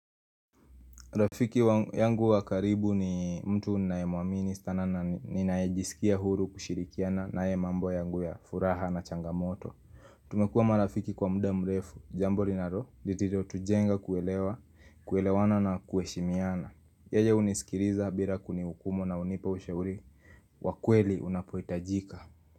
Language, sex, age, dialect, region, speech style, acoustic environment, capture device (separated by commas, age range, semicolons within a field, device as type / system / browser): Swahili, male, 18-24, Kenya - Nairobi Sheng-influenced, Kenya, happy; nervous; relaxed; focused, people talking; traffic; appliances; music, mobile / Linux / Chrome